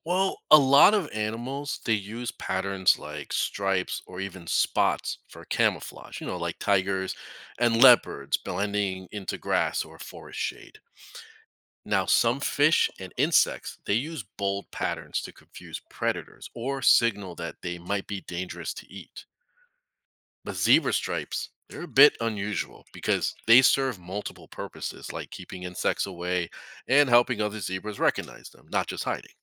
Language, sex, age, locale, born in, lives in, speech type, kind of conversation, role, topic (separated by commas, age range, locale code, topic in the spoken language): English, male, 40-44, en-US, United States, United States, read, expert-assistant, expert, Why do zebras have stripes?